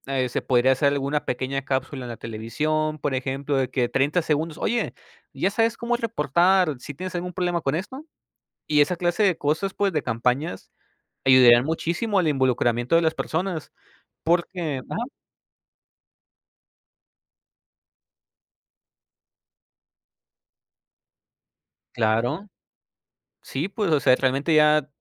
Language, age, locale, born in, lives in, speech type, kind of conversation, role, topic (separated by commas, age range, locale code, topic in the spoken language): Spanish, 25-29, es-MX, Mexico, Mexico, conversation, unstructured, none, ¿Crees que es importante que los jóvenes participen en la política?